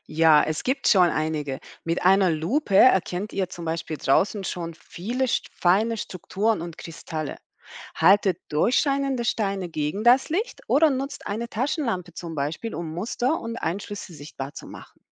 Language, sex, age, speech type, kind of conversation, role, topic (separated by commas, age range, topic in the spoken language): German, female, 40-44, read, expert-assistant, expert, Wie kann man einen spannenden Ausflug planen, um geologische Besonderheiten in der eigenen Region zu entdecken?